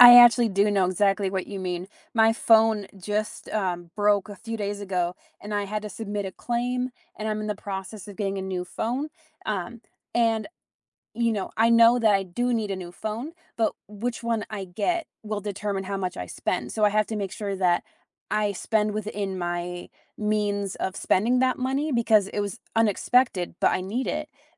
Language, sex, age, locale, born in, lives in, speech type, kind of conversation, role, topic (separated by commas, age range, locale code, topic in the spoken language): English, female, 25-29, en-US, United States, United States, conversation, unstructured, none, What is the best way to save money each month?